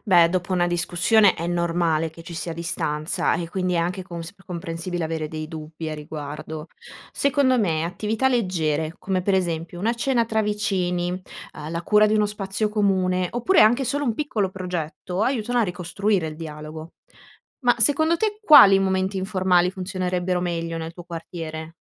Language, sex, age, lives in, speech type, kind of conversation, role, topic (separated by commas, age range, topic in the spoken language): Italian, female, 25-29, Italy, read, expert-assistant, expert, Quali passi concreti posso fare per contribuire alla salute della mia comunità?